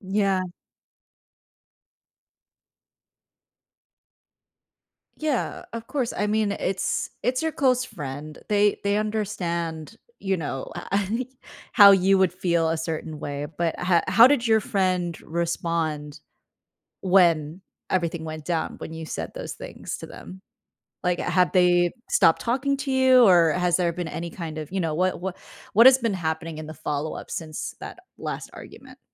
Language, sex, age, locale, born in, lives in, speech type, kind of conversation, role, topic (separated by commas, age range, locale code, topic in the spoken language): English, female, 25-29, en-US, United States, United States, conversation, advice, advisor, How can I apologize and repair a close friendship after a harsh argument?